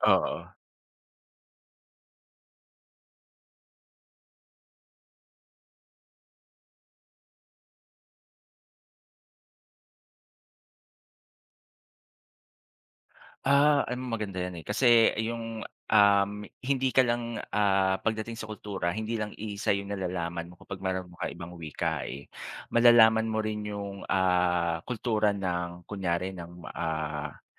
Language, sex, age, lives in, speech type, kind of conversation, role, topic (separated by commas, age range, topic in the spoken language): Filipino, male, 40-44, Philippines, conversation, unstructured, none, Ano ang gagawin mo kung isang araw ay bigla mong maiintindihan ang lahat ng wika sa mundo?